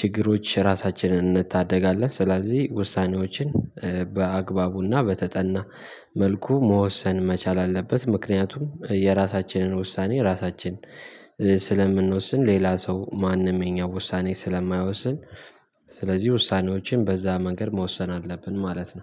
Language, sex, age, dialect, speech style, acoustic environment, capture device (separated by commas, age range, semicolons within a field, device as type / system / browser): Amharic, male, 18-24, Ethiopia - Addis Ababa, happy, silence, mobile / Linux / Chrome